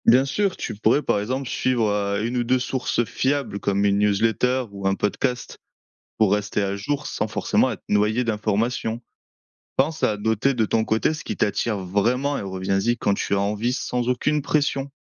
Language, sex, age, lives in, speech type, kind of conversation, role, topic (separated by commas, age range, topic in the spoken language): French, male, 25-29, France, read, expert-assistant, expert, Comment mieux profiter de ses envies culturelles sans se laisser submerger ?